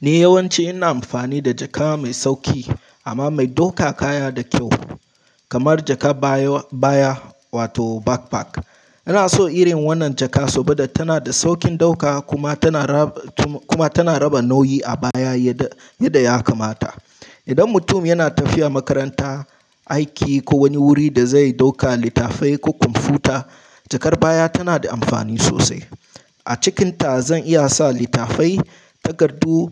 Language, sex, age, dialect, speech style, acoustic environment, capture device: Hausa, male, 18-24, Nigeria - Nigerian Standard, relaxed, silence, mobile / Linux / Chrome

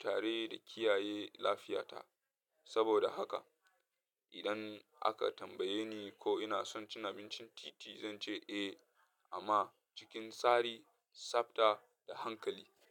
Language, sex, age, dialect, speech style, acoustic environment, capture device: Hausa, male, 25-34, Nigeria - Katsina, happy; nervous; anxious, people talking; traffic; music; appliances, mobile / Linux / Chrome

